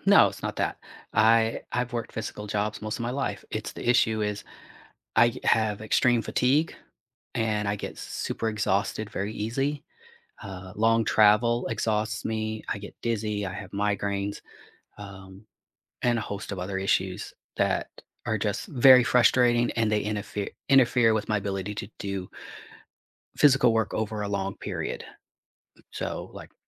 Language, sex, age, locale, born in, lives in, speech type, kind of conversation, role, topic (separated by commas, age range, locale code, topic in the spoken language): English, male, 45-49, en-US, United States, United States, conversation, advice, user, How can I manage my financial stress and make a plan to pay off debt?